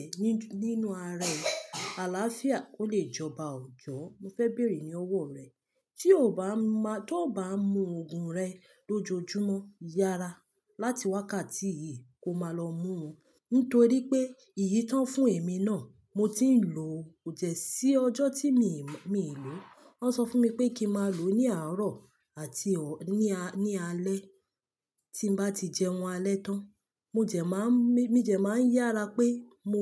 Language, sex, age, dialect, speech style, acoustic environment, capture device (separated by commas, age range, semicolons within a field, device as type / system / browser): Yoruba, female, 25-34, Nigeria - Lagos Yoruba, happy, silence, mobile / Linux / Chrome